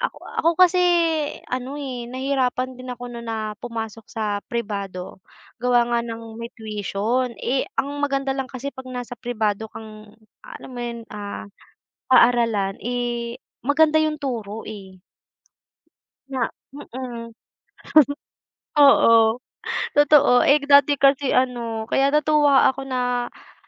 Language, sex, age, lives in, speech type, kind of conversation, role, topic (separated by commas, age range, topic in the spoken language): Filipino, female, 20-24, Philippines, conversation, unstructured, none, Ano ang paborito mong programa ng gobyerno at bakit?